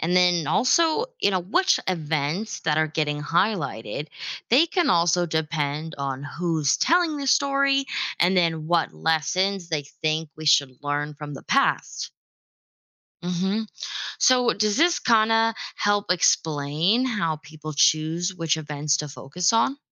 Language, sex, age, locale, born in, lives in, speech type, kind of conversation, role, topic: English, female, 25-29, en-US, United States, United States, read, expert-assistant, expert, Why is learning about history important?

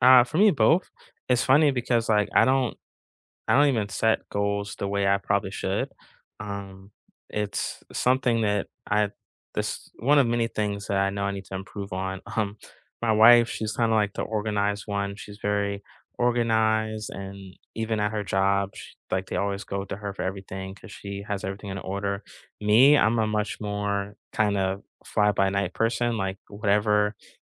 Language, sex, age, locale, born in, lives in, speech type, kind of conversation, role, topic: English, male, 40-44, en-US, United States, United States, conversation, unstructured, none, What personal goal are you nurturing this year, and what kind of support helps you stay encouraged?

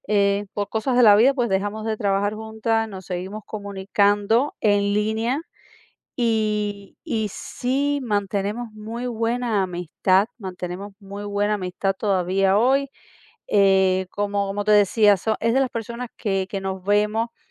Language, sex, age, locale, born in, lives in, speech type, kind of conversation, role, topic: Spanish, female, 40-44, es-CU, Cuba, United States, conversation, podcast, guest, ¿Qué diferencias notas entre la confianza en línea y la confianza presencial?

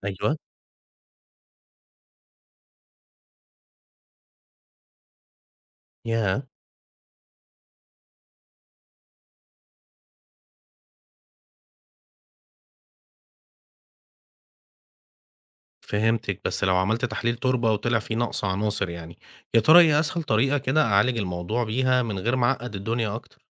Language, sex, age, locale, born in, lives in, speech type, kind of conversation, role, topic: Arabic, male, 35-39, ar-EG, Egypt, Egypt, read, expert-assistant, asker, ممكن تشرحلي إزاي بيشتغل نظام الري الحديث (زي الري بالتنقيط) وبيفرق إزاي في إنتاجية المحاصيل؟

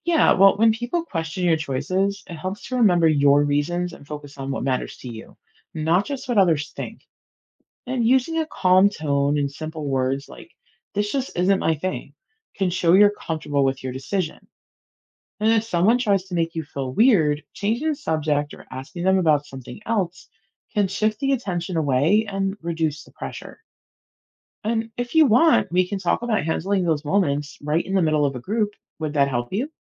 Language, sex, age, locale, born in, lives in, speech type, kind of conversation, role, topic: English, female, 40-44, en-US, United States, United States, read, expert-assistant, expert, How can you handle peer pressure in a positive way?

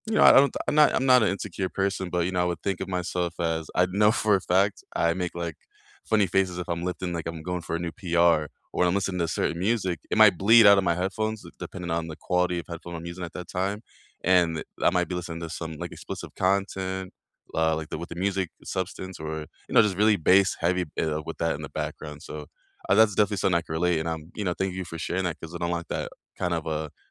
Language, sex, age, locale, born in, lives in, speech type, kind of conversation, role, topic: English, male, 25-29, en-US, United States, United States, conversation, unstructured, none, How do you balance time, money, and meaning to strengthen your connections?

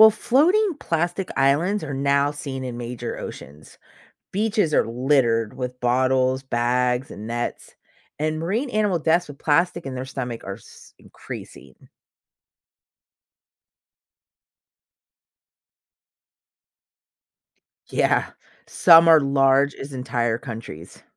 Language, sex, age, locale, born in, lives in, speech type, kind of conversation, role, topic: English, female, 40-44, en-US, United States, United States, read, expert-assistant, expert, How does plastic pollution affect marine life?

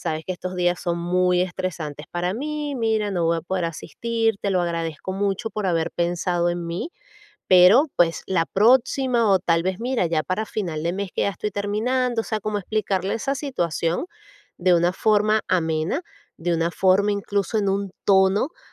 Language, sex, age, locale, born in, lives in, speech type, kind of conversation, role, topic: Spanish, female, 40-44, es-VE, Venezuela, United States, conversation, advice, advisor, ¿Cómo puedo decir que no a invitaciones a eventos sin sentirme culpable?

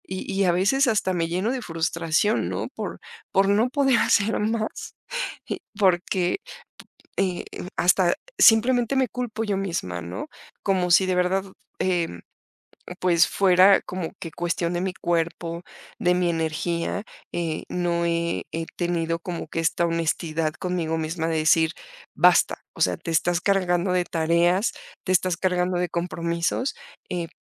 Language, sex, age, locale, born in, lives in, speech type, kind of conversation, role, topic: Spanish, female, 45-49, es-MX, Mexico, Mexico, conversation, advice, user, ¿Cómo te afecta la sobrecarga de compromisos y el decir que sí a todo?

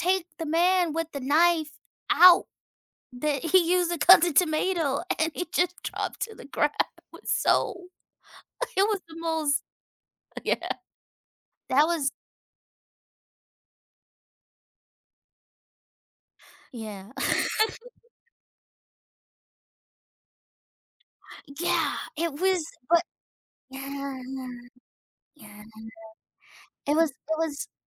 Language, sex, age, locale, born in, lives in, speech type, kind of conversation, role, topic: English, female, 30-34, en-US, United States, United States, conversation, unstructured, none, Would you rather be able to communicate with animals or understand nature’s language?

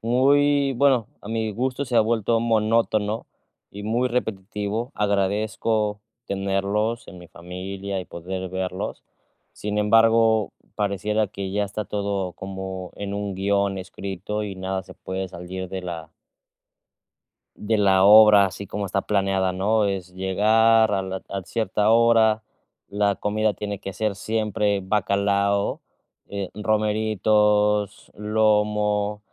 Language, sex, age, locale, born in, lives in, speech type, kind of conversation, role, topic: Spanish, male, 30-34, es-MX, Mexico, Mexico, conversation, advice, user, ¿Cómo puedo conciliar las tradiciones familiares con mis decisiones personales?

